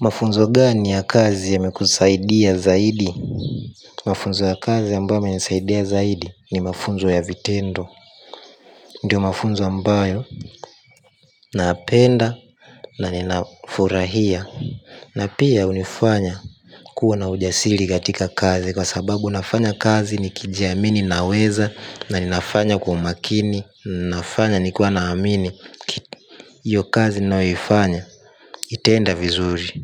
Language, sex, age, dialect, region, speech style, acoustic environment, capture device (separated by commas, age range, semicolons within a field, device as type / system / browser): Swahili, male, 18-24, Tanzania - Dar es Salaam, Tanzania, happy, silence; nature, mobile / Linux / Chrome